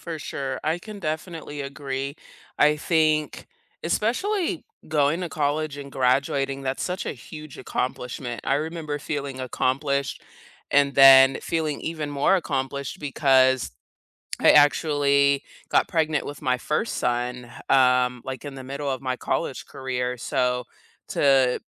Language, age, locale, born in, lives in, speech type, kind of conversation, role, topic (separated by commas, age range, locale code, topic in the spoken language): English, 30-34, en-US, South Korea, United States, conversation, unstructured, none, What school or learning project are you most proud of, and why did it matter to you?